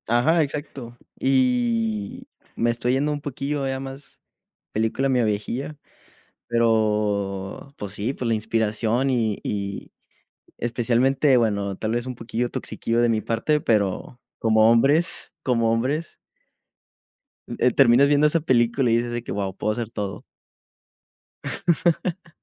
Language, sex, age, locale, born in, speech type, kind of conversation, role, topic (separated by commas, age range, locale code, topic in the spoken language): Spanish, male, 20-24, es-MX, Mexico, conversation, unstructured, none, ¿Qué película recomendarías para levantar el ánimo?